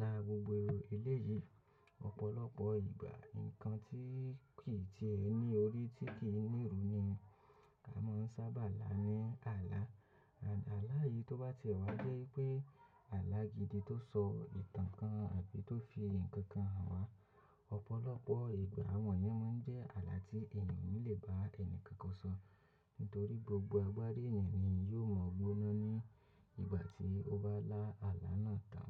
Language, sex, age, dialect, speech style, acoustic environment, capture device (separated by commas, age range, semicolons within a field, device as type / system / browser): Yoruba, male, 25-34, Nigeria - Lagos Yoruba, focused, nature, mobile / macOS / Safari